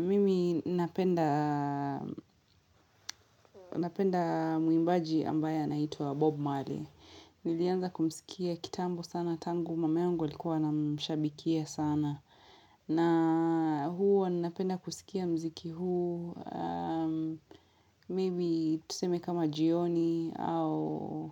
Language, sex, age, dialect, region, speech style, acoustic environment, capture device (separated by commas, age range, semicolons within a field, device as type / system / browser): Swahili, female, 25-34, Kenya - Mombasa, Kenya, happy; relaxed; excited; focused, silence, mobile / Linux / Chrome